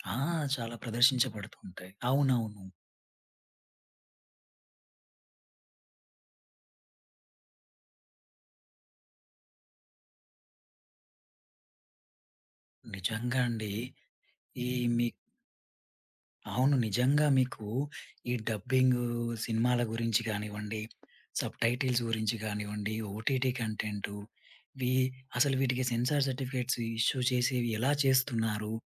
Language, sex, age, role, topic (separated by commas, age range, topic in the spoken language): Telugu, male, 30-34, host, డబ్బింగ్ vs సబ్‌టైటిల్స్ గురించి మీ అభిప్రాయం ఏంటి?